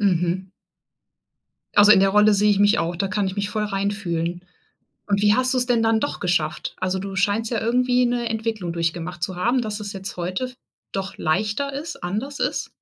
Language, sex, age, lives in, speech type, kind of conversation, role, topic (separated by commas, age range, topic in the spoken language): German, female, 40-44, Germany, conversation, podcast, host, Wie bittest du um Unterstützung, ohne dich schuldig zu fühlen?